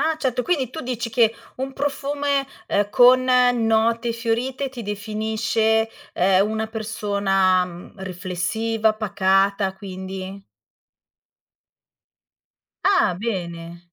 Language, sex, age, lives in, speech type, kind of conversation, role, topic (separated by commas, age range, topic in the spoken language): Italian, female, 45-49, Italy, conversation, podcast, host, Qual è un accessorio che ti rappresenta senza bisogno di parole?